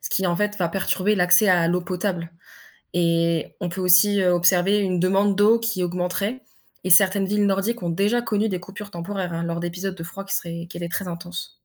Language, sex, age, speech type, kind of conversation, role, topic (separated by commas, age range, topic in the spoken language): French, female, 20-24, read, expert-assistant, expert, Pourquoi les courants océaniques se forment-ils et en quoi influencent-ils le climat de la planète ?